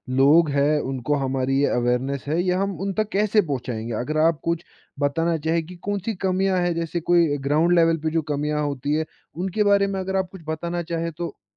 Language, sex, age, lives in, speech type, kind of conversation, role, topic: Hindi, male, 20-24, India, conversation, podcast, host, Digital divide ko kam karne ke liye kaunse practical aur realistic hal ho sakte hain?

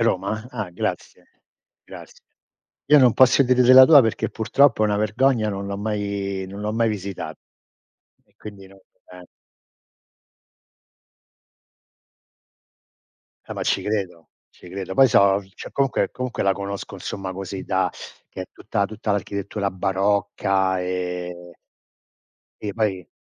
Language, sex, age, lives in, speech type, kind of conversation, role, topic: Italian, male, 60-64, United States, conversation, unstructured, none, Qual è, secondo te, il posto migliore della tua città?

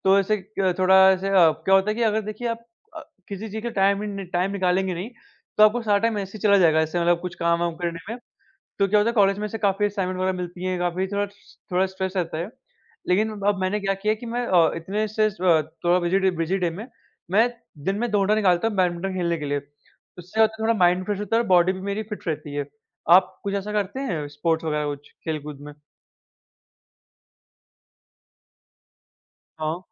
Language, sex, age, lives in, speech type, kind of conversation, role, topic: Hindi, male, 45-49, India, conversation, unstructured, none, खेलों से जुड़ी कौन-सी घटना ने आपको बहुत खुशी दी?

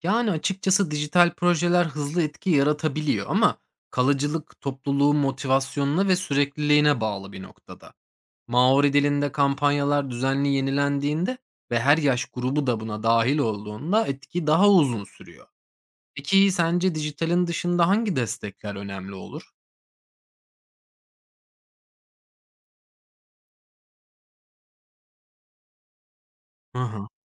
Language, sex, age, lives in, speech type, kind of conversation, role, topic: Turkish, male, 30-34, Germany, read, expert-assistant, expert, Neden bazı diller yok olma tehlikesiyle karşı karşıya kalırken, bazıları nesiller boyunca ayakta kalabiliyor?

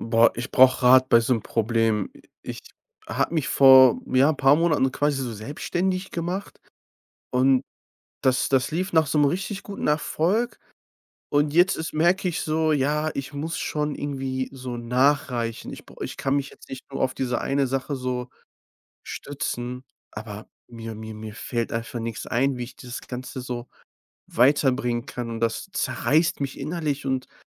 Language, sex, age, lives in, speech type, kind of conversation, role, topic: German, male, 25-29, Germany, conversation, advice, user, Warum fällt es mir nach einem Erfolg schwer, neue Ideen zu entwickeln?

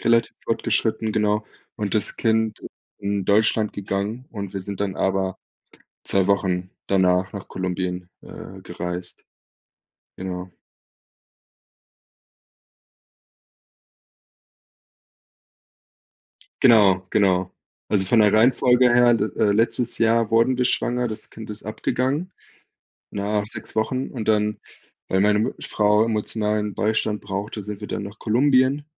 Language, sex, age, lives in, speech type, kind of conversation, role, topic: German, male, 30-34, Germany, conversation, advice, user, Wie kann ich Entscheidungen treffen, wenn alles unsicher und unklar ist?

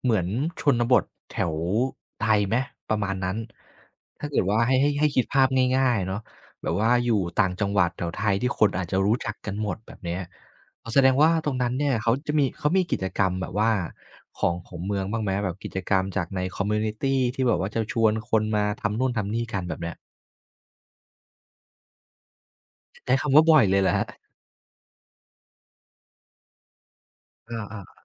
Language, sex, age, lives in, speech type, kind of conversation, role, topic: Thai, male, 25-29, Thailand, conversation, podcast, host, ตอนย้ายบ้านหรือย้ายไปอยู่เมืองใหม่ คุณได้เรียนรู้อะไรที่ทำให้คุณเปลี่ยนไปบ้าง?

